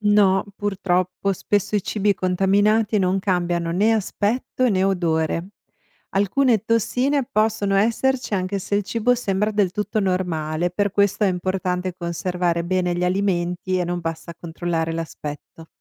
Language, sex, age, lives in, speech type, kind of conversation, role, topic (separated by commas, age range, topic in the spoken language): Italian, female, 45-49, United States, read, expert-assistant, expert, Come si può prevenire e riconoscere un’intossicazione alimentare dopo una cena?